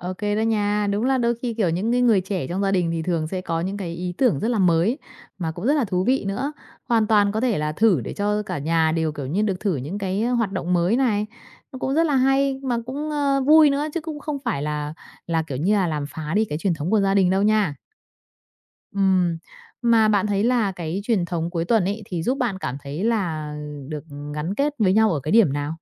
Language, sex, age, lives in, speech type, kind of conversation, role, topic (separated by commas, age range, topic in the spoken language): Vietnamese, female, 30-34, Vietnam, conversation, podcast, host, Gia đình bạn có truyền thống gì vào mỗi dịp cuối tuần không?